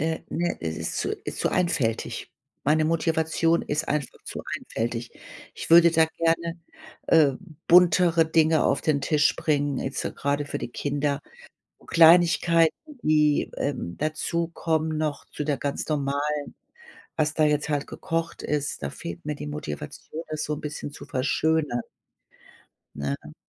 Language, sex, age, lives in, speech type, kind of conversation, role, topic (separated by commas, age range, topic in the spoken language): German, female, 55-59, Germany, conversation, advice, user, Wie kann ich mich mit kleinem Budget gesund ernähren, wenn mir Ideen und Motivation fehlen?